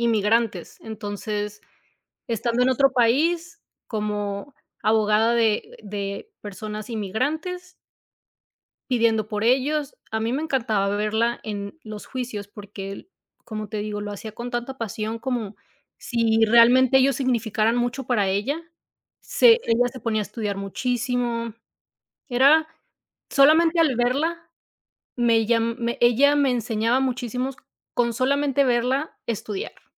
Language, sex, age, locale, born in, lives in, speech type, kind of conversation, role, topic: Spanish, female, 35-39, es-MX, Mexico, United States, conversation, unstructured, none, Si pudieras cambiar de lugar con cualquier persona por un día, ¿a quién elegirías?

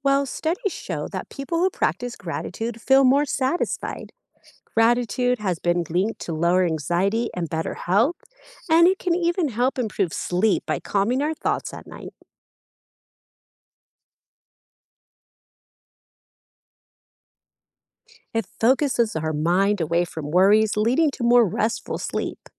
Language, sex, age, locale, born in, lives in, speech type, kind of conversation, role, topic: English, female, 35-39, en-US, United States, United States, read, expert-assistant, expert, What are the benefits of practicing gratitude?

